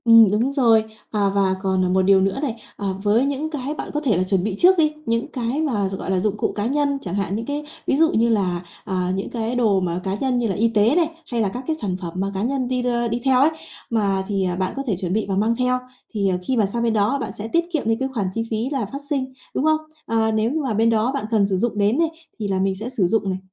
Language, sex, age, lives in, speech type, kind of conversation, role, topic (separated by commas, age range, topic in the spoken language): Vietnamese, female, 30-34, Vietnam, conversation, advice, advisor, Làm sao để giảm chi phí khi lên kế hoạch cho kỳ nghỉ trong phạm vi ngân sách?